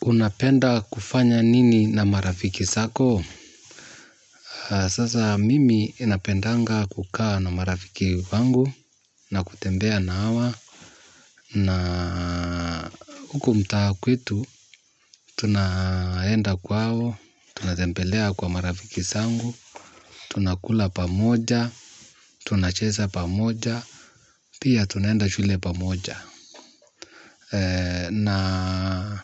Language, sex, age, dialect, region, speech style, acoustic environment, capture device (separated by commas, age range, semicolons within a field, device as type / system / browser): Swahili, male, 35-44, Kenya - Nairobi Sheng-influenced, Kenya, happy, silence, mobile / Linux / Chrome